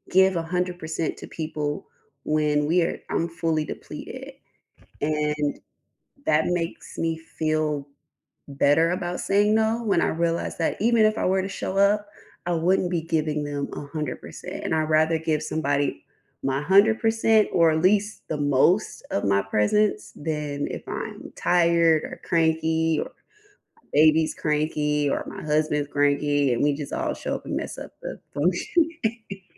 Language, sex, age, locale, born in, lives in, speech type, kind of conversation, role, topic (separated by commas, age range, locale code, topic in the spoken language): English, female, 30-34, en-US, United States, United States, conversation, unstructured, none, When do you feel okay saying no, even if it disappoints someone you care about?